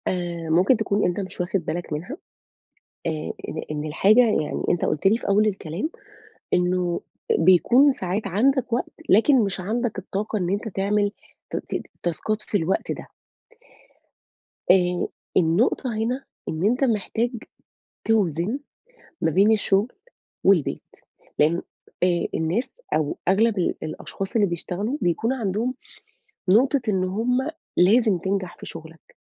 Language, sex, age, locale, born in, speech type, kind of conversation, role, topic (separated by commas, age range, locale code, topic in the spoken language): Arabic, female, 35-39, ar-EG, Saudi Arabia, conversation, advice, advisor, إزاي أبطل تسويف وأكمّل المشاريع اللي ببدأها بدل ما أفضّل أبدأ حاجات جديدة كل شوية؟